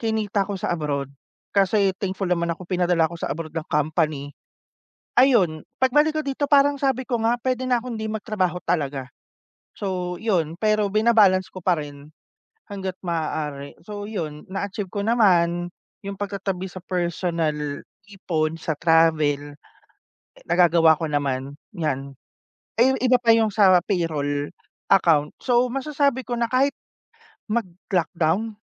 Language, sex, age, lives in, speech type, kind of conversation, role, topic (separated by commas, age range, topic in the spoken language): Filipino, male, 30-34, Philippines, conversation, unstructured, none, Bakit mahalaga sa’yo ang pagkakaroon ng pondong pang-emergency?